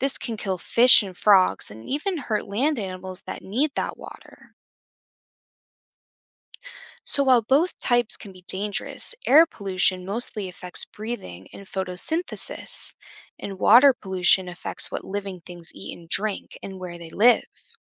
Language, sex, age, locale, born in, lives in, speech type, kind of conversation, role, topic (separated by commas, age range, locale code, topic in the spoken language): English, female, 25-29, en-US, United States, United States, read, expert-assistant, expert, How does pollution harm plants and animals?